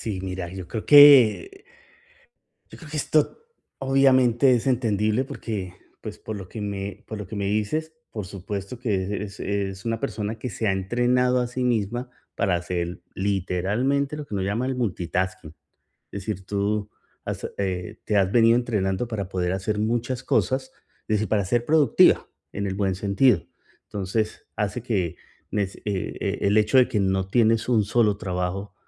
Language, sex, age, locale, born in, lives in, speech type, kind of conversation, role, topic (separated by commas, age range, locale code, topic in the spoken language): Spanish, male, 45-49, es-CO, Colombia, United States, conversation, advice, advisor, ¿Cómo puedo evitar interrupciones mientras trabajo concentrado en casa?